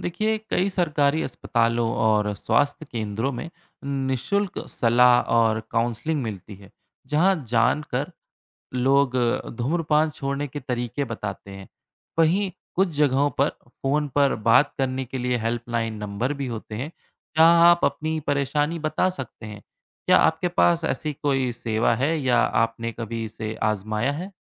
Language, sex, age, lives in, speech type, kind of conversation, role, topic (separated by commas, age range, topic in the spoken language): Hindi, male, 30-34, India, read, expert-assistant, expert, सार्वजनिक स्थानों पर धूम्रपान पर रोक क्यों होनी चाहिए?